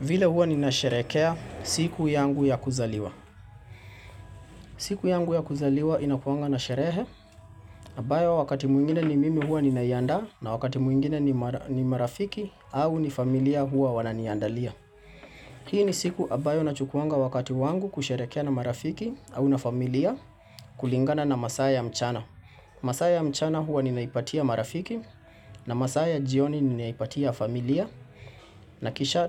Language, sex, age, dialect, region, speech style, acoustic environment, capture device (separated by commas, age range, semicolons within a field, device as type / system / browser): Swahili, male, 25-34, Kenya - Nairobi Sheng-influenced, Kenya, happy, silence, mobile / Linux / Chrome